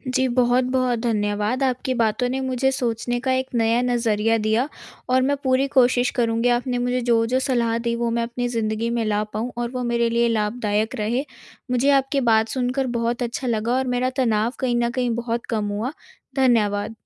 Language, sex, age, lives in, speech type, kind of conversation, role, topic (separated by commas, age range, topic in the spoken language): Hindi, female, 18-19, India, conversation, advice, user, मेरी आदतें क्यों नहीं बदलतीं और उनके पीछे कौन-सी भावनाएँ होती हैं?